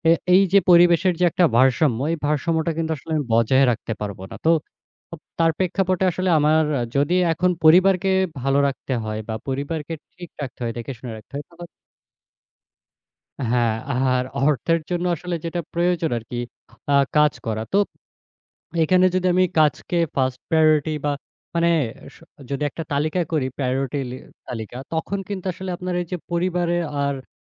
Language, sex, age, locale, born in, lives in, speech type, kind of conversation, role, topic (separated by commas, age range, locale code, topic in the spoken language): Bengali, male, 20-24, bn-BD, Bangladesh, Bangladesh, conversation, podcast, guest, চাকরি আর পরিবারের মধ্যে ভারসাম্য রাখার জন্য আপনার কী কী পরামর্শ আছে?